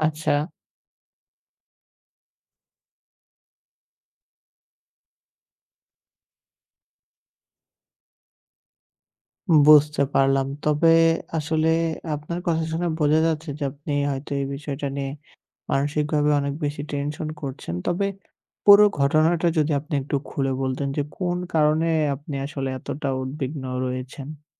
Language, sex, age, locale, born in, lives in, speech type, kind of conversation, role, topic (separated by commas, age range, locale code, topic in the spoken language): Bengali, male, 18-19, bn-BD, Bangladesh, Bangladesh, conversation, advice, advisor, ভিড় বা উৎসবে আমি কেন এত উদ্বিগ্ন বোধ করি?